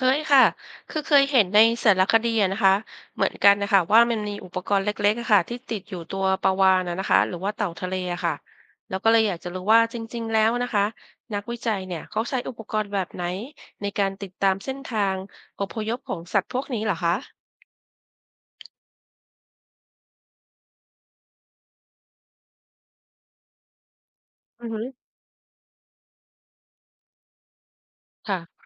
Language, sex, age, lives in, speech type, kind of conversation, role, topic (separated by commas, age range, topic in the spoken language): Thai, female, 50-54, Thailand, read, expert-assistant, asker, อยากรู้เรื่องกระแสน้ำในมหาสมุทรให้ลึกขึ้น ควรเริ่มต้นเรียนรู้ยังไงดี?